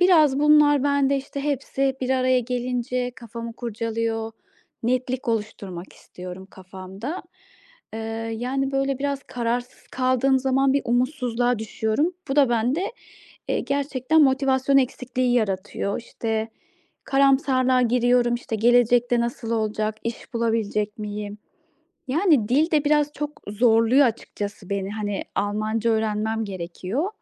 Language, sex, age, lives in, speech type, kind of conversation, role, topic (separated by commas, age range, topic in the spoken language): Turkish, female, 35-39, Austria, conversation, advice, user, Motivasyonu nasıl kazanıp uzun vadede projelere bağlı kalabilirim?